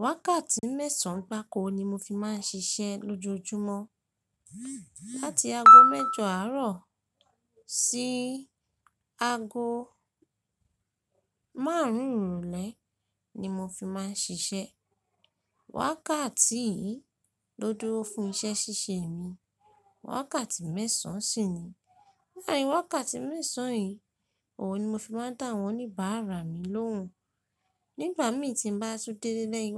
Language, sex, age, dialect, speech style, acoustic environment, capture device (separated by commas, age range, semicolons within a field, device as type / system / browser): Yoruba, female, 25-34, Nigeria - Ibadan, stressed, nature, mobile / Linux / Chrome